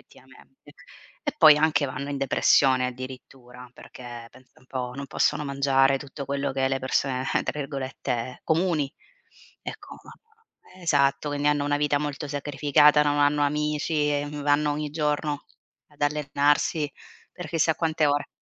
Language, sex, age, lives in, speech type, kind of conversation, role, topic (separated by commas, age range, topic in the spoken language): Italian, female, 35-39, Italy, conversation, unstructured, none, Quali sono, secondo te, i benefici più importanti dell’attività fisica?